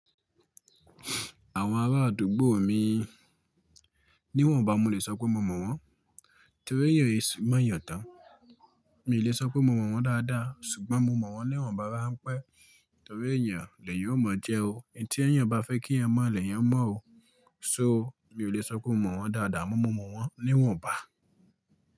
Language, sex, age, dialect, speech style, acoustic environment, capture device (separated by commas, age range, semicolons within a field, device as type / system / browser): Yoruba, male, 25-34, Nigeria - Ibadan, excited, silence, mobile / Linux / Chrome